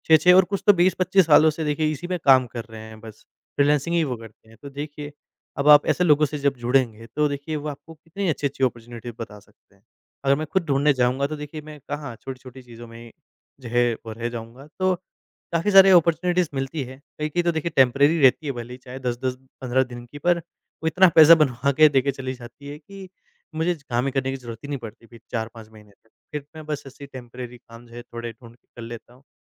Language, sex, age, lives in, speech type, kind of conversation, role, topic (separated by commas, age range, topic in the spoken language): Hindi, male, 25-29, India, conversation, advice, user, नेटवर्किंग में आप लोगों से प्रभावी ढंग से कैसे जुड़ें और ज़रूरत पड़ने पर मदद कैसे माँगें?